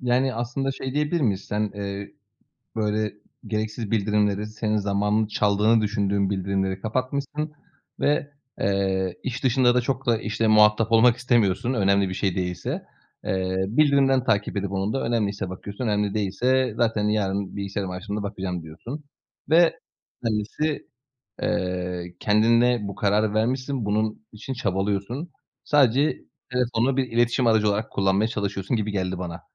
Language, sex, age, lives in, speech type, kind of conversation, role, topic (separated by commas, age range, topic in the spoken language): Turkish, male, 30-34, Bulgaria, conversation, podcast, host, Kendini yeniden inşa ederken hangi alışkanlıklarını değiştirdin?